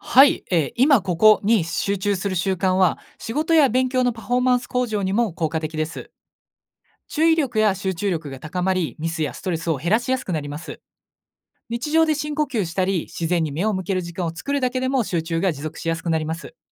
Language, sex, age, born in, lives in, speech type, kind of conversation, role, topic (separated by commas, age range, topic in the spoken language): Japanese, male, 20-24, Japan, Japan, read, expert-assistant, expert, 登山やキャンプにお金や時間をかける価値は、本当にあると思いますか？それはなぜでしょうか。